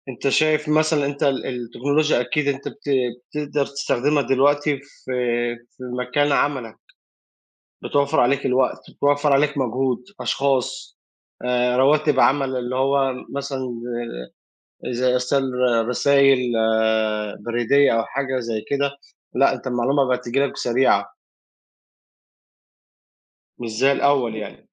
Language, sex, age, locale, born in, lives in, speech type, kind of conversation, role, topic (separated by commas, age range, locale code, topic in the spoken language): Arabic, male, 35-39, ar-EG, Egypt, Egypt, conversation, unstructured, none, إزاي أثرت الثورة العلمية على حياتنا اليومية؟